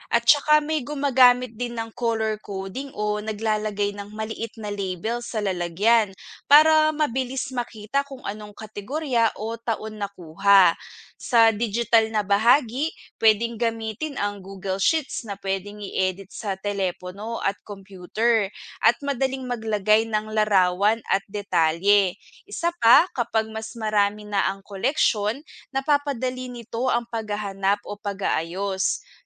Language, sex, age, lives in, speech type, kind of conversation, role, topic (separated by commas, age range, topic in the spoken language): Filipino, female, 25-29, Philippines, read, expert-assistant, expert, Paano maayos at ligtas na itinatago at tinatala ang mga koleksyon tulad ng figurine at barya?